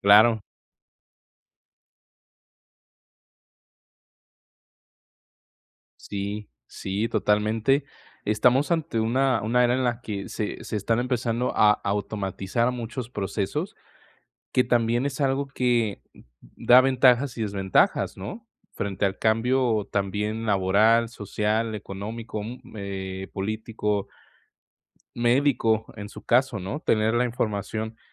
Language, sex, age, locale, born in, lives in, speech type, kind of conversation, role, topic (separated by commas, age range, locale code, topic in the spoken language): Spanish, male, 20-24, es-MX, Mexico, Mexico, conversation, advice, advisor, ¿Cómo puedo mantener la mente abierta y curiosa cuando todo cambia rápidamente?